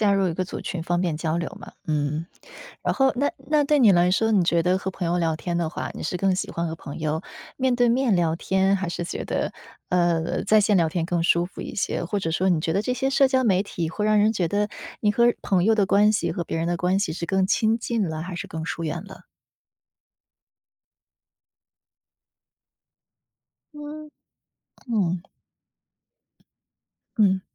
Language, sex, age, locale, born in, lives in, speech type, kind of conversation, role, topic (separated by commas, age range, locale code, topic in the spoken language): Chinese, female, 30-34, zh-CN, China, United States, conversation, unstructured, none, 你认为社交媒体会让人与人之间更亲近，还是更疏远？